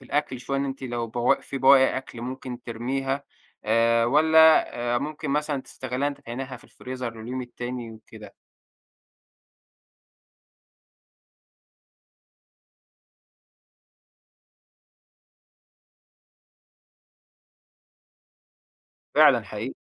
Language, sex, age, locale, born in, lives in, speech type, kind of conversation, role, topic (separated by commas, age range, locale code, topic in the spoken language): Arabic, male, 25-29, ar-EG, Egypt, Egypt, conversation, podcast, host, إزاي بتقرر تحتفظ بإيه وإيه ترميه؟